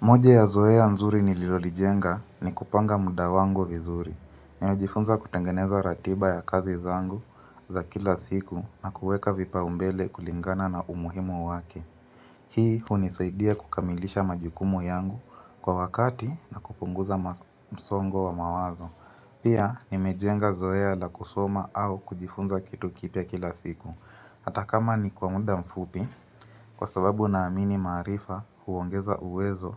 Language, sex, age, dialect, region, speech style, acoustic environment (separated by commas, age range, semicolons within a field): Swahili, female, 25-34, Kenya - Mombasa, Kenya, focused, appliances